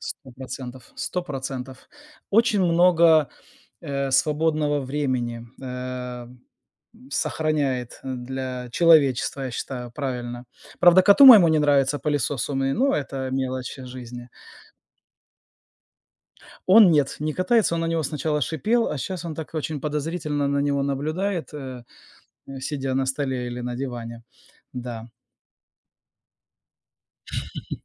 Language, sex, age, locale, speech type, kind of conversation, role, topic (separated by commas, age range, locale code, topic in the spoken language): Russian, male, 40-44, ru-RU, conversation, unstructured, none, Какие инновации сделали вашу жизнь проще?